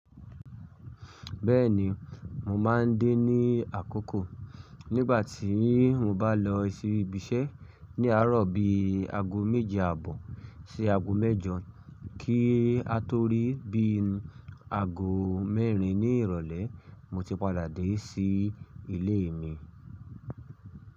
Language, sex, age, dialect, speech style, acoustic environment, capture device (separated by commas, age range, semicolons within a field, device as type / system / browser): Yoruba, male, 25-34, Nigeria - Ibadan, stressed, silence, mobile / Linux / Chrome